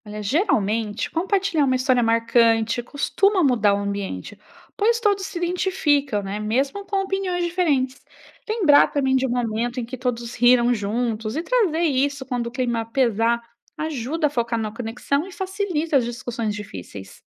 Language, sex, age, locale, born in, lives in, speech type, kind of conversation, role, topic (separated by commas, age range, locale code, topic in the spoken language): Portuguese, female, 35-39, pt-BR, Brazil, Italy, read, expert-assistant, expert, Como podemos melhorar o jeito que as pessoas conversam sobre política, sem virar briga ou discussão pesada?